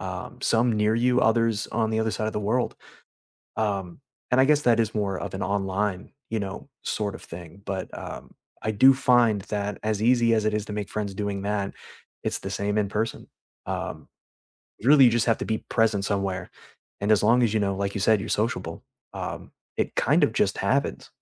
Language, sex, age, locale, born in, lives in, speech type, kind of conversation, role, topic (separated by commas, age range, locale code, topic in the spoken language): English, male, 30-34, en-US, United States, United States, conversation, unstructured, none, How do you make new friends as an adult in low-pressure, genuine ways?